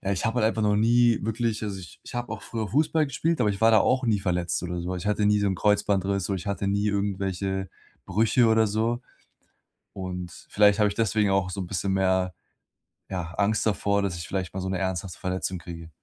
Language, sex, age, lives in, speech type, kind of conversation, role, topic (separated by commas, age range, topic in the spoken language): German, male, 20-24, Germany, conversation, advice, user, Wie gehe ich mit Schmerzen oder Verletzungsangst beim Sport um?